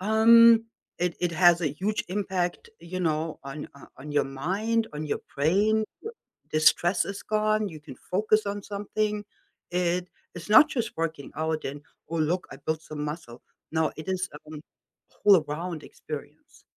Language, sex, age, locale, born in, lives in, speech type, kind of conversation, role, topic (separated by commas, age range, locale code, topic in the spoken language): English, female, 55-59, en-DE, Germany, United States, conversation, unstructured, none, Have you ever reached a fitness goal that you didn’t think was possible?